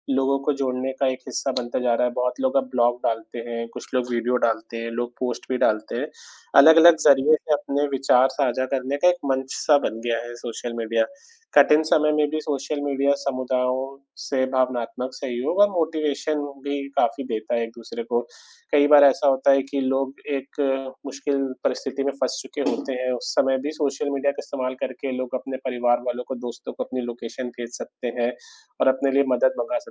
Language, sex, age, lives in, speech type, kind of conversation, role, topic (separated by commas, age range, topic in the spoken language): Hindi, male, 25-29, India, conversation, unstructured, none, आपके जीवन पर सामाजिक माध्यमों का क्या प्रभाव पड़ता है?